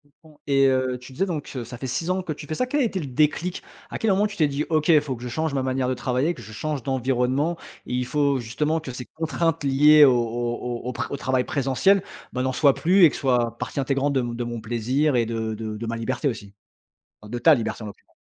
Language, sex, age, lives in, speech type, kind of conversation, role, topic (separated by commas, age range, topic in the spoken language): French, male, 35-39, France, conversation, podcast, host, Comment trouves-tu le bon équilibre entre liberté et contraintes dans ton travail ?